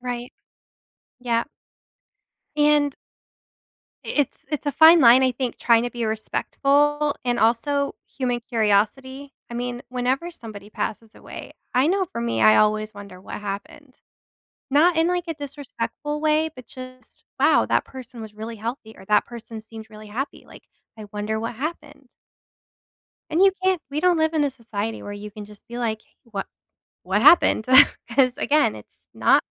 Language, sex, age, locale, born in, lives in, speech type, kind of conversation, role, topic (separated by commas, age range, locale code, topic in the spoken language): English, female, 30-34, en-US, United States, United States, conversation, podcast, guest, Why is it important to have open conversations about death and grief?